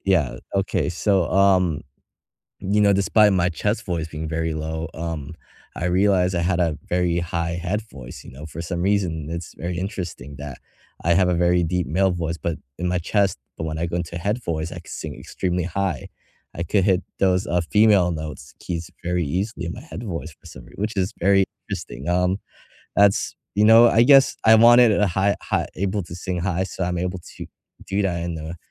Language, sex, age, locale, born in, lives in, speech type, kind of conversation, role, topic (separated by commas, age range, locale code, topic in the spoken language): English, male, 18-19, en-US, United States, United States, conversation, unstructured, none, How do you handle setbacks when things go wrong?